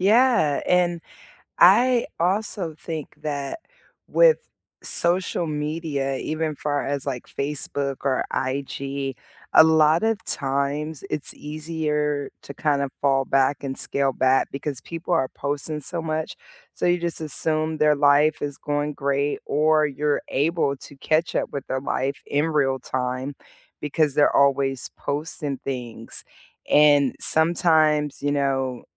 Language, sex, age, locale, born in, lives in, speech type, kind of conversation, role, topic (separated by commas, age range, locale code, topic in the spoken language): English, female, 40-44, en-US, United States, United States, conversation, unstructured, none, How do you keep friendships strong when life gets busy, and what simple habits help you stay connected?